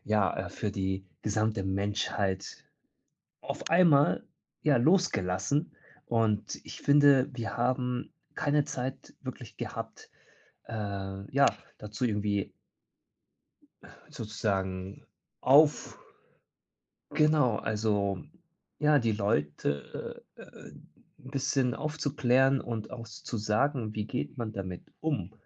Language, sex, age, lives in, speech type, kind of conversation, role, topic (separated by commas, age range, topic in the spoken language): German, male, 30-34, Germany, conversation, podcast, guest, Was tust du gegen Ablenkung durch Push‑Meldungen?